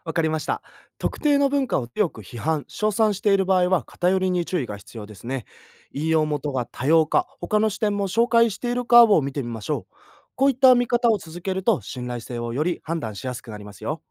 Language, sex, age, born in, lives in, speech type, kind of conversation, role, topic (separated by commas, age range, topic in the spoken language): Japanese, male, 20-24, Japan, Japan, read, expert-assistant, expert, ネットやテレビで紹介される異文化の話、本当に正しい情報なのかどうやって見分けますか？